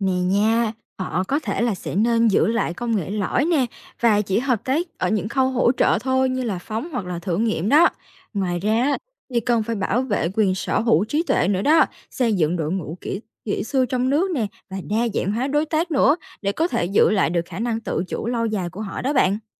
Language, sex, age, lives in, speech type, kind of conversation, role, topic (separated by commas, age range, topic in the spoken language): Vietnamese, female, 18-19, Vietnam, read, expert-assistant, expert, Yếu tố nào quyết định một quốc gia đầu tư mạnh vào ngành vũ trụ?